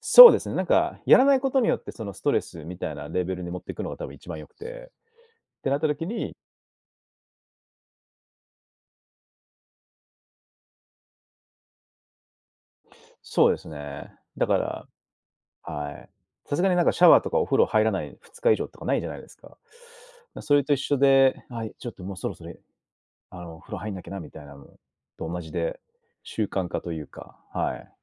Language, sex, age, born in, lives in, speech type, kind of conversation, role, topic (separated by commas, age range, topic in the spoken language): Japanese, male, 35-39, Japan, Japan, conversation, podcast, guest, 上達するためにいちばん大切なコツは何ですか？